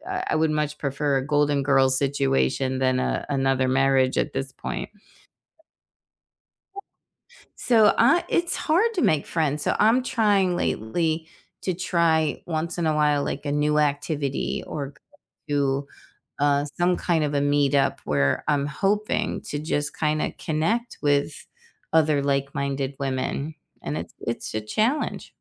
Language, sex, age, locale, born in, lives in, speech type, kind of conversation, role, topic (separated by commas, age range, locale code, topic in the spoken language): English, female, 60-64, en-US, United States, United States, conversation, unstructured, none, What are you trying to do more of lately, and how can others cheer you on?